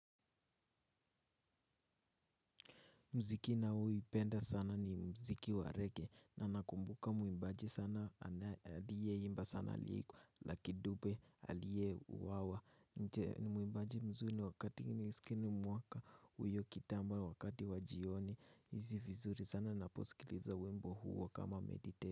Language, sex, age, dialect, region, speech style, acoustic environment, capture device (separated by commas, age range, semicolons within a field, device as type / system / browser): Swahili, male, 18-24, Kenya - Nairobi Sheng-influenced, Kenya, nervous; excited; focused; relaxed, silence, mobile / Linux / Chrome